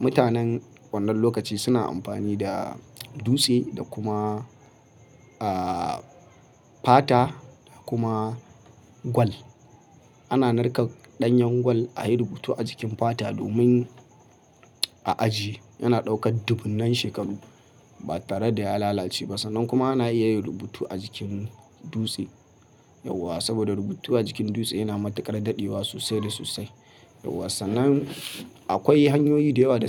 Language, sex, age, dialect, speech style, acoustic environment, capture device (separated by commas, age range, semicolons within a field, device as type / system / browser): Hausa, male, 25-34, Nigeria - Kano, happy; focused, people talking, mobile / Linux / Chrome